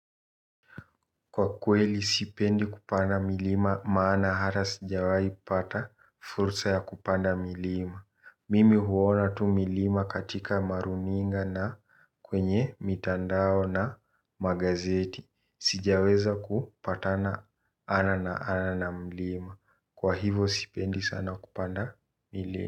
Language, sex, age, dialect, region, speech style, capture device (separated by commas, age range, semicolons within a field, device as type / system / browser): Swahili, male, 18-24, Kenya - Nairobi Sheng-influenced, Kenya, relaxed, mobile / Linux / Chrome